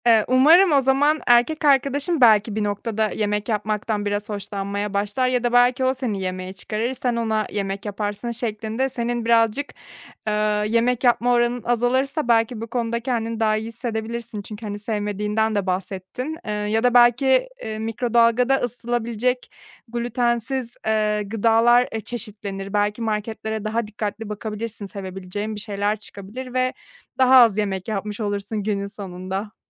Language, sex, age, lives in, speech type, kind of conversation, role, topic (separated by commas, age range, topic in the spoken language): Turkish, female, 30-34, Germany, conversation, advice, advisor, Yemek yaparken kendinize güveninizi nasıl artırıp sağlıklı beslenmeyi nasıl sürdürebilirsiniz?